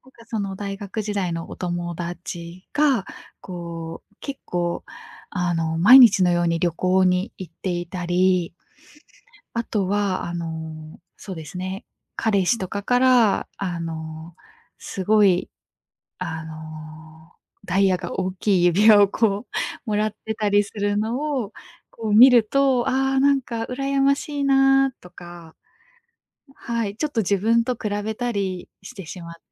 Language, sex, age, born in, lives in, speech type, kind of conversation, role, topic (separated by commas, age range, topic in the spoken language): Japanese, female, 25-29, Japan, Japan, conversation, advice, user, SNSやスマホが原因で起きている嫉妬や境界の問題について、詳しく教えていただけますか？